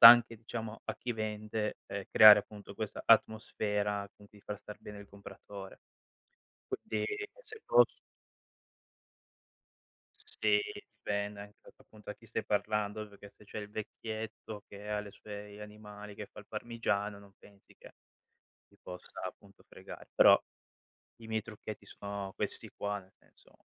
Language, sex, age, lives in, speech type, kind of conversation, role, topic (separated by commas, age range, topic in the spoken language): Italian, male, 25-29, Italy, conversation, podcast, guest, Come scegli gli ingredienti freschi al mercato o al supermercato?